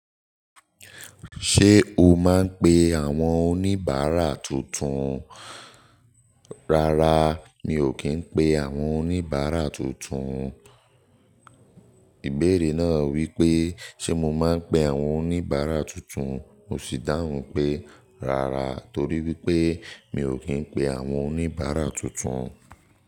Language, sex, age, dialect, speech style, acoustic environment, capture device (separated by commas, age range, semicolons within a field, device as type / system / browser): Yoruba, male, 18-24, Nigeria - Nigerian Standard, focused, nature; appliances, mobile / Linux / Chrome